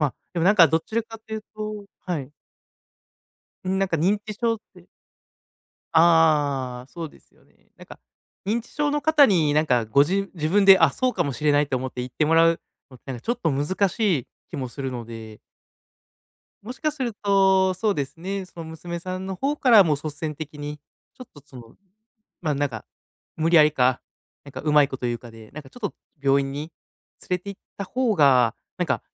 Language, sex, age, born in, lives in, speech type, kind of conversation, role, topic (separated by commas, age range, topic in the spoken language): Japanese, male, 30-34, Japan, Japan, conversation, advice, advisor, 家族との適切な距離感について、どのように話し合えばよいですか？